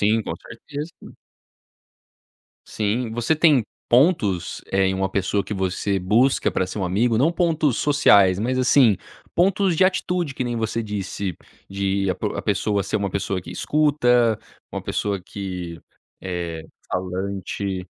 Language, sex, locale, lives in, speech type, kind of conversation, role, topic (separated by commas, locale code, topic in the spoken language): Portuguese, male, pt-BR, United States, conversation, podcast, host, Como você constrói confiança quando conhece alguém novo?